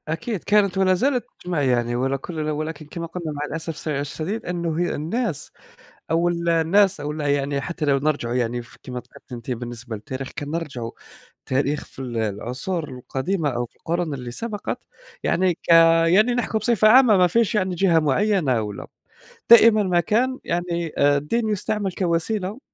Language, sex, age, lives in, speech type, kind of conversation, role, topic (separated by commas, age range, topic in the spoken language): Arabic, male, 20-24, Germany, conversation, unstructured, none, إزاي الدين يقدر يقرّب الناس من بعض بدل ما يفرّقهم؟